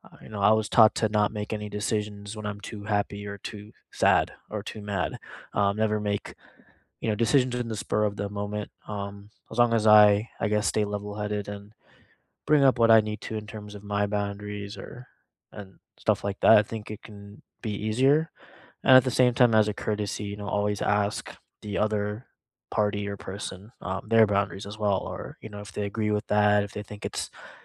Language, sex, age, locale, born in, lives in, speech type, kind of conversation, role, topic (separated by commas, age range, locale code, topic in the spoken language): English, male, 25-29, en-US, India, United States, conversation, unstructured, none, How do you set boundaries kindly and clearly?